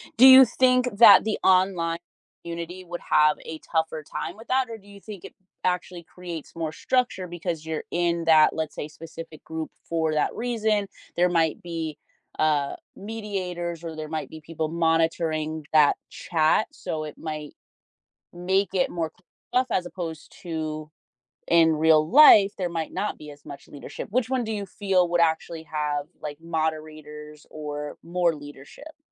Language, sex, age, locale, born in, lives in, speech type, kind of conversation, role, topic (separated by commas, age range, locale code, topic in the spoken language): English, female, 30-34, en-US, United States, United States, conversation, podcast, host, What makes a community strong to you?